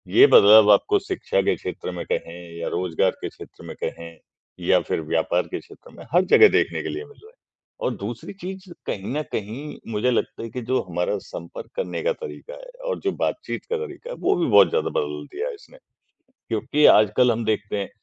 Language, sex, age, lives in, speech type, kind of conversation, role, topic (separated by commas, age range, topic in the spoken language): Hindi, male, 55-59, India, conversation, unstructured, none, क्या तकनीक ने आपके काम करने का तरीका बदला है?